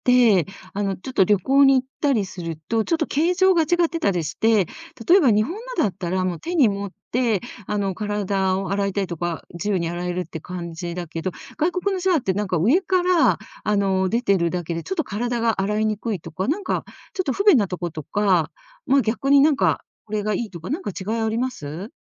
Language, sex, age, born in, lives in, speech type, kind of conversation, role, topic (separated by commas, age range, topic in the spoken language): Japanese, female, 60-64, Japan, Japan, conversation, podcast, host, シャワーは朝に浴びますか、それとも夜に浴びますか？